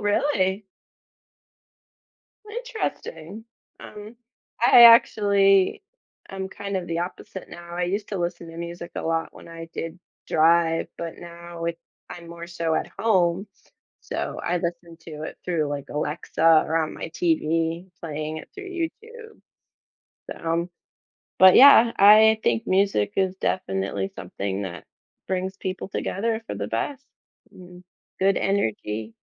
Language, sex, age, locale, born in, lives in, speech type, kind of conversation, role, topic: English, female, 35-39, en-US, United States, United States, conversation, podcast, host, In what ways can music help build connections between people from different backgrounds?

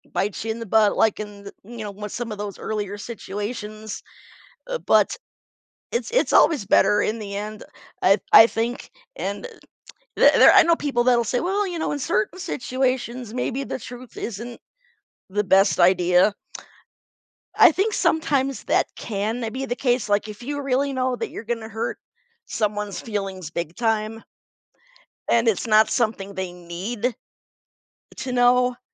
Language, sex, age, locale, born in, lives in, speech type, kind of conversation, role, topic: English, female, 45-49, en-US, United States, United States, conversation, podcast, guest, How does being honest affect your relationships and daily choices?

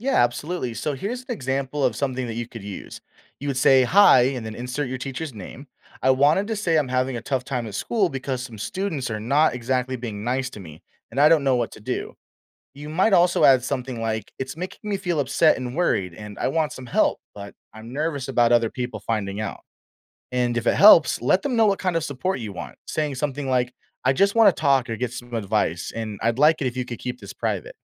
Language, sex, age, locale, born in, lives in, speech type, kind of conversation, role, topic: English, male, 25-29, en-US, United States, United States, read, expert-assistant, expert, How can bullying at school be recognized?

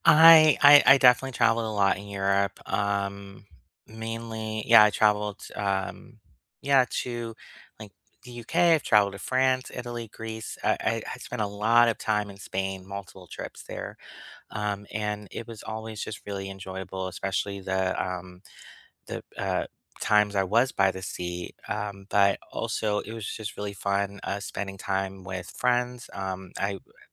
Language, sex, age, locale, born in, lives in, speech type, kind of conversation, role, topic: English, male, 35-39, en-US, United States, United States, conversation, unstructured, none, What are some weekend day-trip ideas within two hours of where you live?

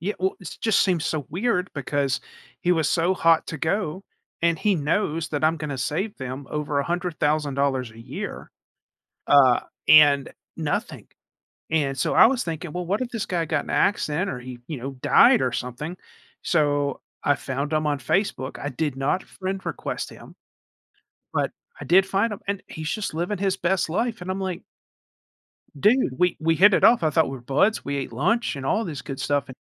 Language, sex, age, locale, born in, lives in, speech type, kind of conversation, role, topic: English, male, 40-44, en-US, United States, United States, conversation, advice, user, How can I stop doubting myself after a recent failure and move forward?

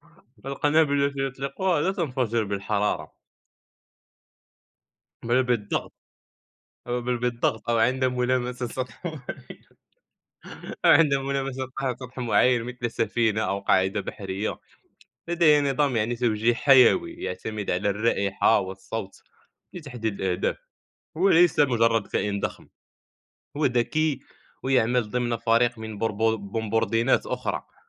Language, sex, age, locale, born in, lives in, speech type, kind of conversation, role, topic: Arabic, male, 20-24, ar-MA, Morocco, France, conversation, unstructured, none, لو تقدر تدي حيوان القدرة إنه يعيش في البحر، هيبقى شكله عامل إزاي؟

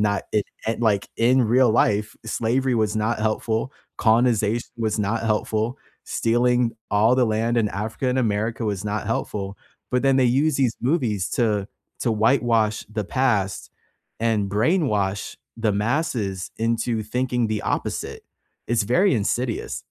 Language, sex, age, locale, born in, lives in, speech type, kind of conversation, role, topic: English, male, 25-29, en-US, United States, United States, conversation, unstructured, none, What bothers you about the lack of diversity in entertainment?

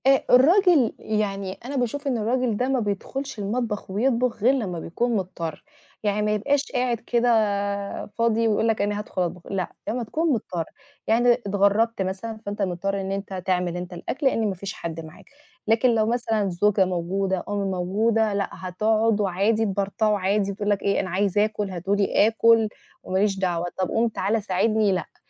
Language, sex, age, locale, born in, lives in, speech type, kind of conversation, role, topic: Arabic, female, 30-34, ar-EG, Egypt, Portugal, conversation, unstructured, none, إيه أكتر أكلة بتحب تطبخها بإيدك؟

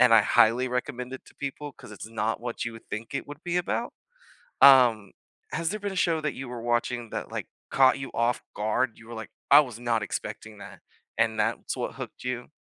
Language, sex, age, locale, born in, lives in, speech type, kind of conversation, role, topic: English, male, 25-29, en-US, United States, United States, conversation, unstructured, none, What was the last show you binge-watched, and what hooked you?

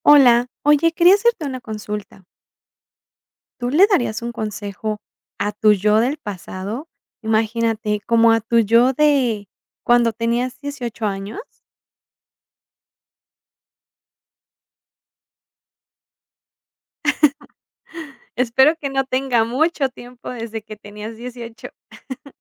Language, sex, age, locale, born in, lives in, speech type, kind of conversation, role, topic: Spanish, female, 35-39, es-MX, Mexico, Mexico, conversation, podcast, host, ¿Qué consejo le darías a tu yo de 18 años?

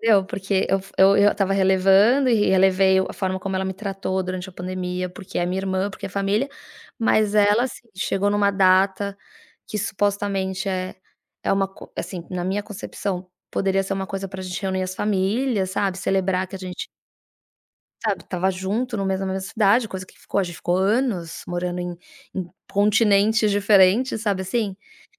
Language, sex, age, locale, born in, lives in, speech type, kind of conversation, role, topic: Portuguese, female, 40-44, pt-BR, Brazil, United States, conversation, advice, user, Como lidar com feridas emocionais que voltam à tona em aniversários ou datas importantes?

